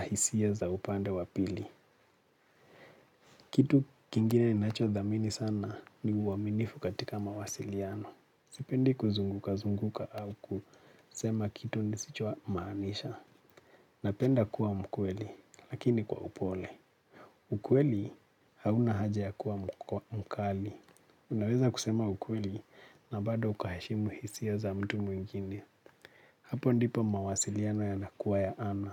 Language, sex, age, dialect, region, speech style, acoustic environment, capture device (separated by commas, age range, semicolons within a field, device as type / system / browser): Swahili, male, 25-34, Kenya - Nairobi Sheng-influenced, Kenya, relaxed, silence; nature; appliances, mobile / Linux / Chrome